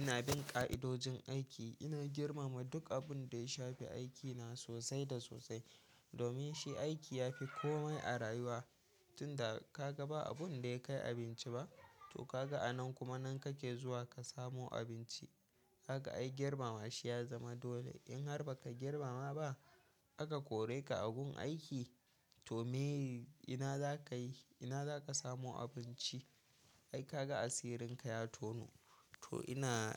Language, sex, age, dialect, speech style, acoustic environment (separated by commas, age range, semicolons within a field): Hausa, male, 18-24, Nigeria - Kano, happy, silence